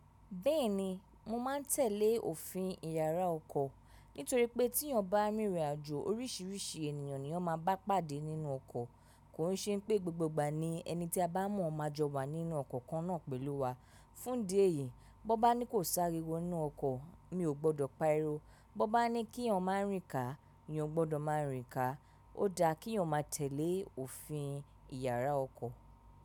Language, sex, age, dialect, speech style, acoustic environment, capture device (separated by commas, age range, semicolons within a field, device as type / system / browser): Yoruba, female, 25-34, Nigeria - Lagos Yoruba, relaxed, silence, mobile / macOS / Safari